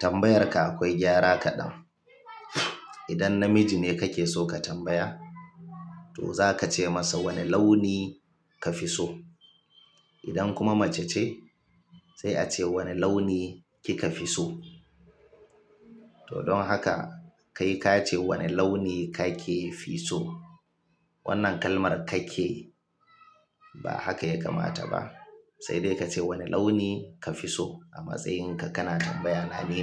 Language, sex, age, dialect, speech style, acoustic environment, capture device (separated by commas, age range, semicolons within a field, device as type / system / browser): Hausa, male, 35-44, Nigeria - Kano, relaxed; tired, silence, mobile / Linux / Chrome